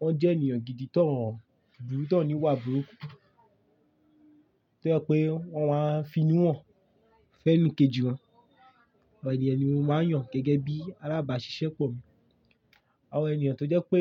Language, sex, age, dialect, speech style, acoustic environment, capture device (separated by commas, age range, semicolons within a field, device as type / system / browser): Yoruba, male, 18-24, Nigeria - Lagos Yoruba, focused, silence, mobile / Linux / Chrome